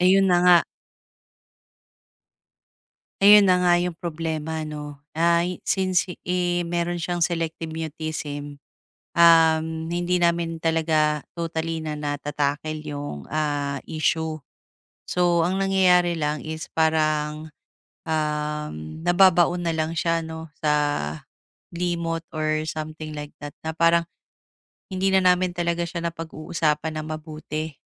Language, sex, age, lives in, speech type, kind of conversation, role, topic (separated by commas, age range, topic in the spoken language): Filipino, female, 35-39, Philippines, conversation, advice, user, Paano kami makikinig at mag-uusap nang mas mabisa?